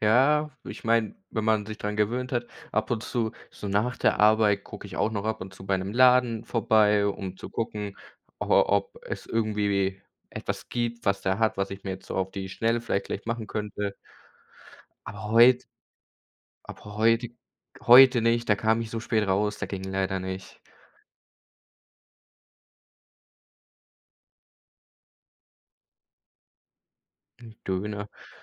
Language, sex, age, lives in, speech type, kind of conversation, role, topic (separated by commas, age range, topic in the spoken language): German, male, 18-19, Germany, conversation, unstructured, none, Was ist dein bester Tipp, um beim Kochen Zeit zu sparen?